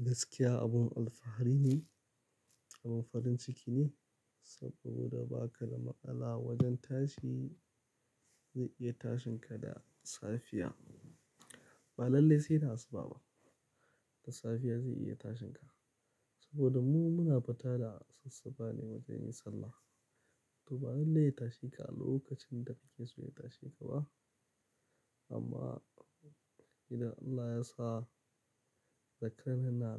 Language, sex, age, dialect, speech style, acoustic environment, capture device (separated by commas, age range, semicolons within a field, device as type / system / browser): Hausa, male, 25-34, Nigeria - Kano, happy; excited; focused, silence; nature, mobile / Linux / Chrome